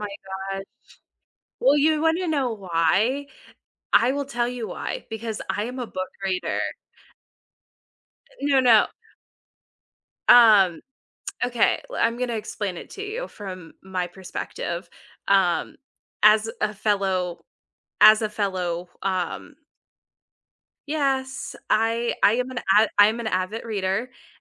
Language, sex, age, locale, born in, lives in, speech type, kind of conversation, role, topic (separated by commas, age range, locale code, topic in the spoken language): English, female, 25-29, en-US, United States, United States, conversation, unstructured, none, Where do you love watching movies—at home or in theaters—and what makes it special for you?